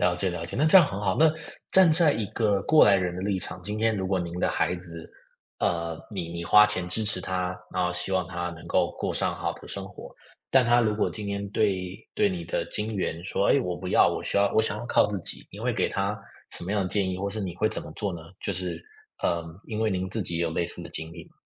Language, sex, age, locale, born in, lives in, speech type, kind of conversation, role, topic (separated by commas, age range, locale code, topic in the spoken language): Chinese, male, 25-29, zh-TW, United States, United States, conversation, podcast, host, 你怎么看待父母用钱来表达爱的方式？